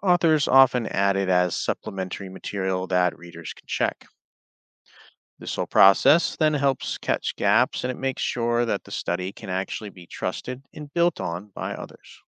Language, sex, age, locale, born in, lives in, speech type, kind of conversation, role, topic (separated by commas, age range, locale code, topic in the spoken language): English, male, 35-39, en-US, United States, United States, read, expert-assistant, expert, How can you tell if a cosmology discovery is credible?